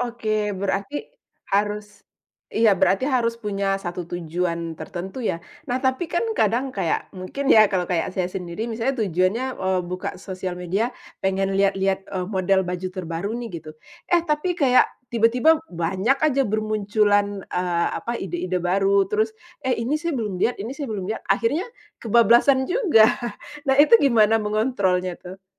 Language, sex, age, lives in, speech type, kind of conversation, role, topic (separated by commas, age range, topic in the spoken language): Indonesian, female, 35-39, Indonesia, conversation, podcast, host, Apa triknya supaya nggak kebablasan scroll media sosial?